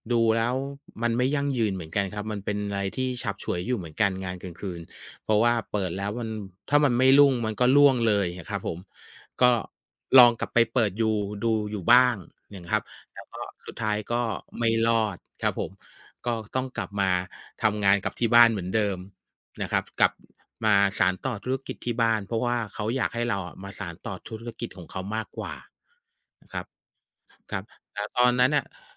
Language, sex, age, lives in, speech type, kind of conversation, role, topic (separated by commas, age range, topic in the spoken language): Thai, male, 45-49, Thailand, conversation, unstructured, none, คุณเคยรู้สึกว่าครอบครัวไม่สนับสนุนคุณไหม และคุณโกรธเพราะอะไร?